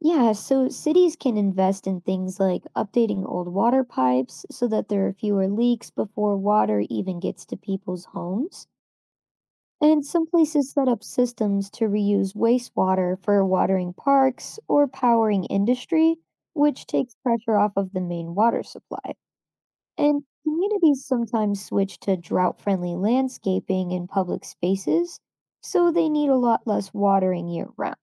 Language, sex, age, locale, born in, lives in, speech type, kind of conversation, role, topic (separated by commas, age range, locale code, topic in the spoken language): English, female, 25-29, en-US, United States, United States, read, expert-assistant, expert, Why should we conserve water?